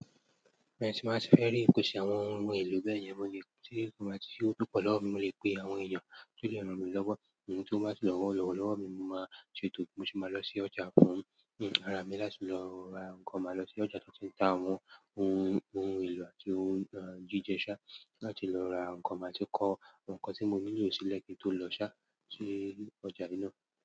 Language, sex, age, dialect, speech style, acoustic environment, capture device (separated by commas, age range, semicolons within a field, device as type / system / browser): Yoruba, male, 18-24, Nigeria - Lagos Yoruba, excited, silence, mobile / Android / Chrome